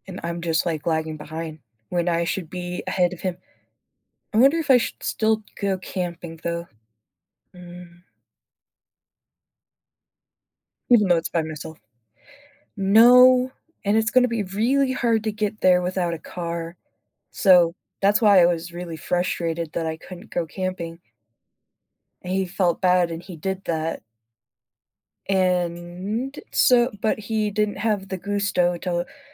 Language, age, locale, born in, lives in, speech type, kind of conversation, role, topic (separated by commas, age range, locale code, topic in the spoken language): English, 25-29, en-US, United States, United States, conversation, advice, user, How should I address someone who repeatedly breaks promises?